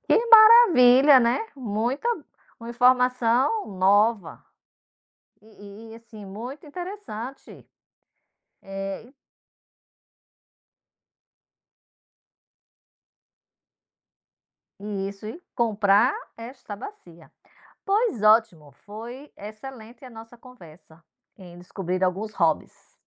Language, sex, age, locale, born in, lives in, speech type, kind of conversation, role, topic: Portuguese, female, 50-54, pt-BR, Brazil, United States, conversation, podcast, host, Que hobby ajuda você a desestressar depois de um dia ruim?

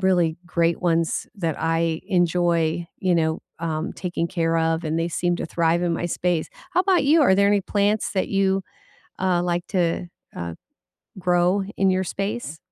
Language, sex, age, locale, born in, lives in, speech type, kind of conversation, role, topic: English, female, 60-64, en-US, United States, United States, conversation, unstructured, none, Which indoor plants will thrive in your space, and how should you style them?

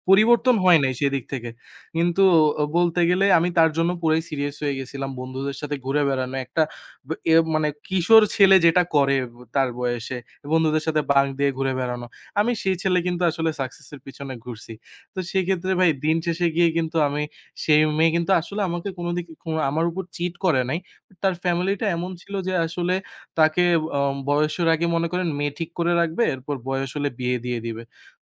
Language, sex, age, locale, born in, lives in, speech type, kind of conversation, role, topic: Bengali, male, 20-24, bn-BD, Bangladesh, Bangladesh, conversation, unstructured, none, একটি ভুল স্মৃতি আপনার জীবনে কীভাবে প্রভাব ফেলেছে?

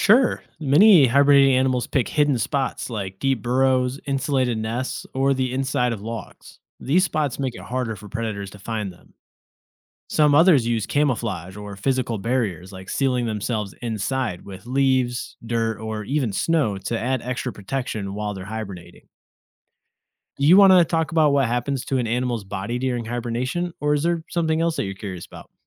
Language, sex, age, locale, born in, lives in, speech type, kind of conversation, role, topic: English, male, 30-34, en-US, United States, United States, read, expert-assistant, expert, How do animals survive winter through hibernation?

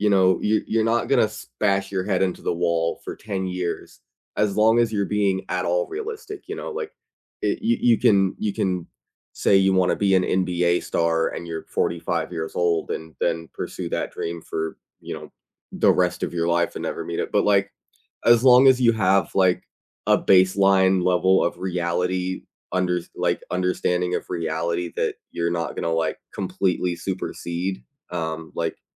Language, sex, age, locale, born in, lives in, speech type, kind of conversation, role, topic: English, other, 20-24, en-US, United States, United States, conversation, unstructured, none, What is one goal-setting challenge that makes you angry?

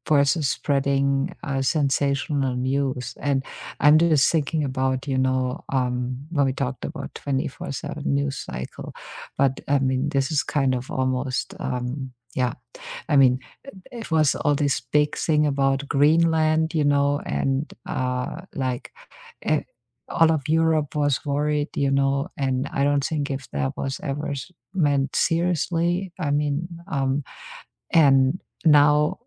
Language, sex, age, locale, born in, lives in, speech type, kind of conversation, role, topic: English, female, 50-54, en-DE, Germany, United States, conversation, unstructured, none, How has the way you stay informed about current events changed over the years?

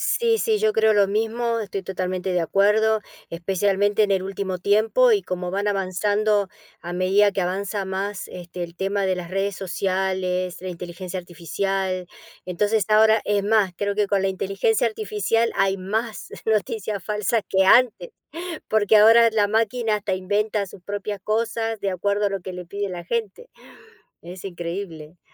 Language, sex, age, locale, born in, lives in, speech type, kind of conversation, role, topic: Spanish, female, 55-59, es-AR, Argentina, United States, conversation, unstructured, none, ¿Cómo crees que las noticias falsas afectan a la sociedad?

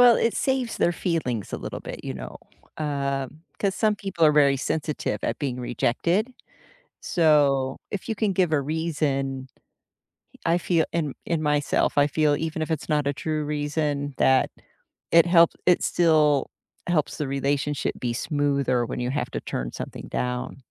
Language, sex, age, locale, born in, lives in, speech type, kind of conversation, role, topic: English, female, 55-59, en-US, United States, United States, conversation, unstructured, none, When do you feel okay saying no, even if it disappoints someone you care about?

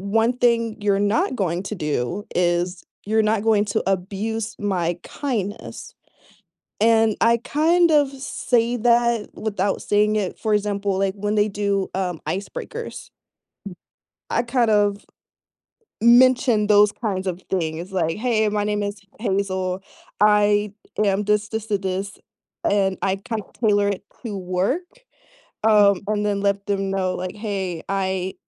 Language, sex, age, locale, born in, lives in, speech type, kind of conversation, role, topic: English, female, 25-29, en-US, United States, United States, conversation, unstructured, none, What does being authentic mean to you?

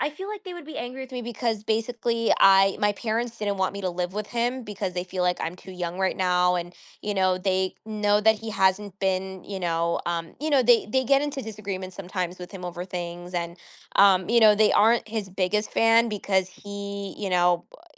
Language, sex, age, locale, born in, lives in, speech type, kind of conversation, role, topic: English, female, 25-29, en-US, United States, United States, conversation, advice, user, How can I start healing after a long-term relationship ended?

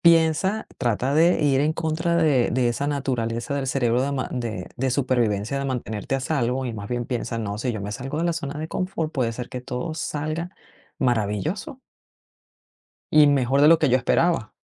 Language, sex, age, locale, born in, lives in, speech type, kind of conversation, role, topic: Spanish, female, 45-49, es-VE, Venezuela, United States, conversation, advice, advisor, ¿Debería aceptar una oferta de trabajo en el extranjero o mantener mi vida actual?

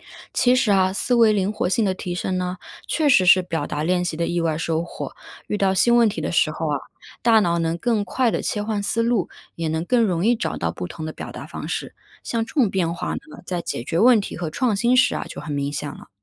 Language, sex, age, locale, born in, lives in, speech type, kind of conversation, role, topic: Chinese, female, 30-34, zh-CN, China, United States, read, expert-assistant, expert, 在上下班路上，有没有什么有趣的语言小练习可以做？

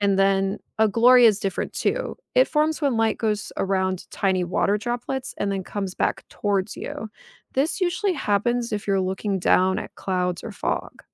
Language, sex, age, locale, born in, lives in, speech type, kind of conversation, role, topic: English, female, 30-34, en-US, United States, United States, read, expert-assistant, expert, Why do rainbows form after it rains?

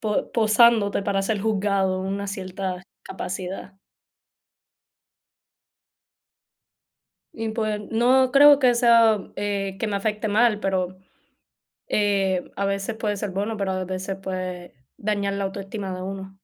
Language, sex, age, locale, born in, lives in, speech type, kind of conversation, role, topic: Spanish, female, 20-24, es-CU, Puerto Rico, United States, conversation, unstructured, none, ¿Cómo te afecta que te juzguen sin conocerte bien?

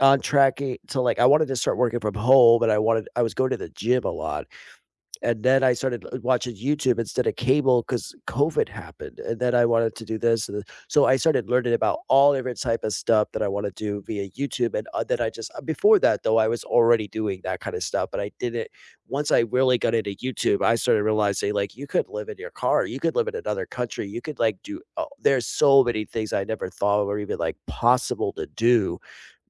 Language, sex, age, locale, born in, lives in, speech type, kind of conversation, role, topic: English, male, 40-44, en-US, United States, United States, conversation, unstructured, none, How has technology shaped your learning journey?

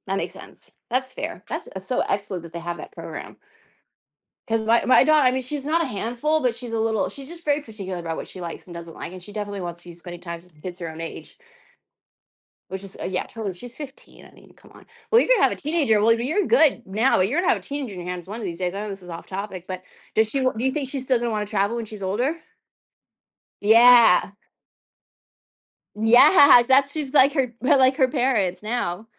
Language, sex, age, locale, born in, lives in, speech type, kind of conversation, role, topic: English, female, 35-39, en-US, United States, United States, conversation, unstructured, none, What is your favorite place you have ever visited?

